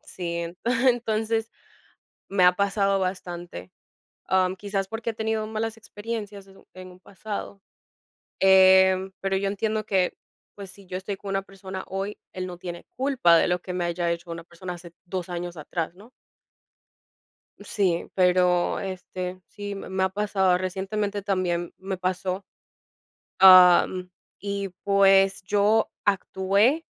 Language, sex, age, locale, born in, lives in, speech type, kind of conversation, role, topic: Spanish, female, 25-29, es-DO, United States, United States, conversation, unstructured, none, ¿Qué haces si sientes que tu pareja te está mintiendo?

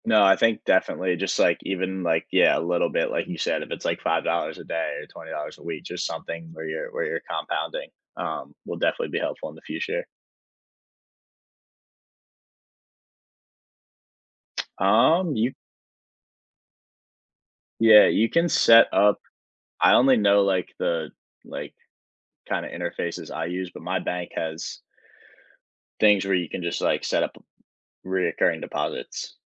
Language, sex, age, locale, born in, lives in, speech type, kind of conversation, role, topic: English, male, 20-24, en-US, United States, United States, conversation, podcast, guest, What steps can help someone create and achieve meaningful financial goals?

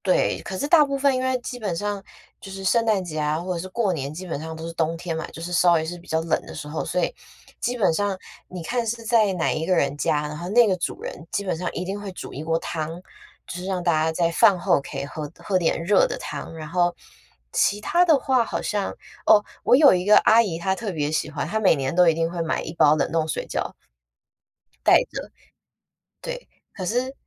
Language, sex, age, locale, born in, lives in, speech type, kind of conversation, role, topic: Chinese, female, 25-29, zh-TW, Taiwan, United States, conversation, podcast, guest, 你觉得和家人朋友一起过节有什么特别的意义？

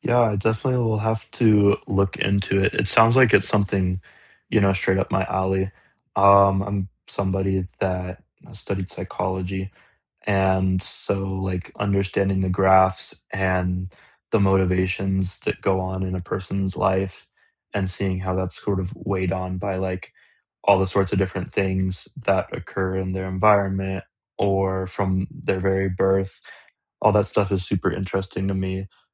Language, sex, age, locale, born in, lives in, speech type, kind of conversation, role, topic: English, male, 20-24, en-US, United States, United States, conversation, unstructured, none, What songs, podcasts, and quiet moments make up the soundtrack of your week?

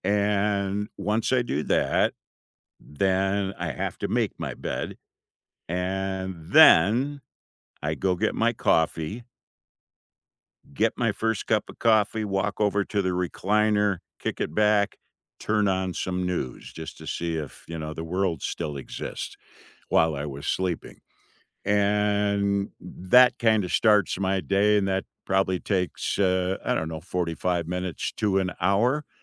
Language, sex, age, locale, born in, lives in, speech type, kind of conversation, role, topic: English, male, 65-69, en-US, United States, United States, conversation, unstructured, none, Which small daily rituals help you feel centered, and what stories make them meaningful to you?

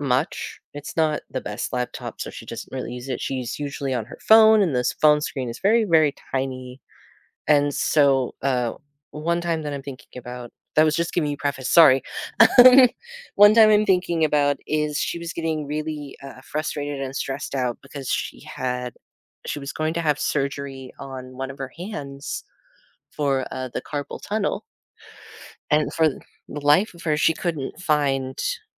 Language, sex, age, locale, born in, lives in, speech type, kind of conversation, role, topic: English, male, 30-34, en-US, United States, United States, conversation, unstructured, none, What’s a time you solved a problem that made you proud?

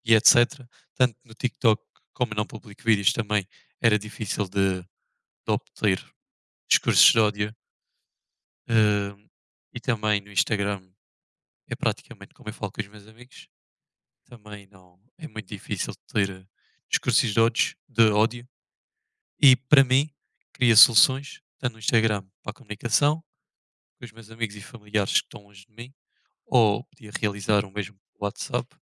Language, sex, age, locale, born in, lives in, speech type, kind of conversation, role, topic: Portuguese, male, 20-24, pt-PT, Portugal, Portugal, conversation, unstructured, none, Você acha que as redes sociais criam mais problemas do que soluções?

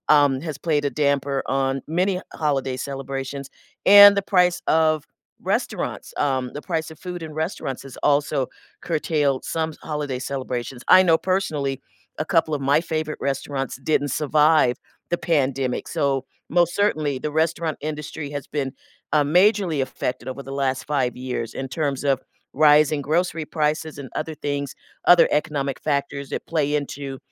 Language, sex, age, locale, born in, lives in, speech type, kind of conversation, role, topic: English, female, 60-64, en-US, United States, United States, conversation, podcast, guest, How do shared meals and drinks shape our most meaningful celebrations?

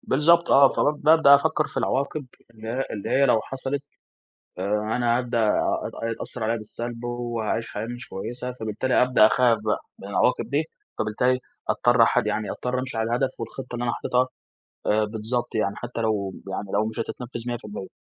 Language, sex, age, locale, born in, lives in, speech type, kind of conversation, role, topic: Arabic, male, 20-24, ar-EG, Egypt, Egypt, conversation, podcast, guest, إزاي تقنع نفسك إنك تصبر عشان توصل لهدف بعيد؟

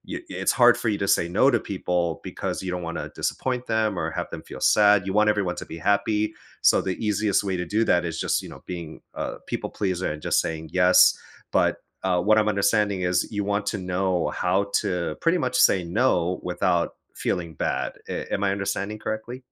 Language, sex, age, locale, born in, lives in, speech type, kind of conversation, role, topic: English, male, 35-39, en-US, United States, United States, conversation, advice, advisor, How can I say no to friends, family, or coworkers without feeling guilty?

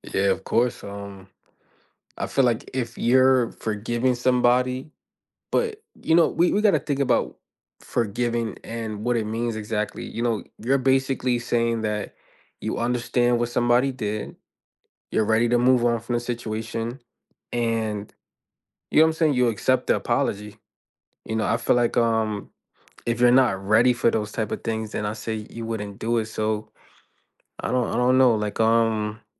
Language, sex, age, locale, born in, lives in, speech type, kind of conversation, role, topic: English, male, 25-29, en-US, United States, United States, conversation, unstructured, none, Should people forgive those who have committed serious wrongs?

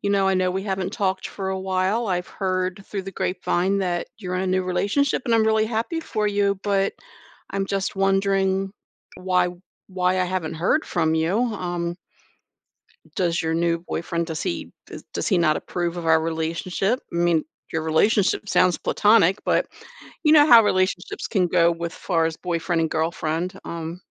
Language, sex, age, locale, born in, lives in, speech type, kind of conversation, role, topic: English, female, 60-64, en-US, United States, United States, conversation, advice, advisor, How do I decide whether to confront someone who hurt me?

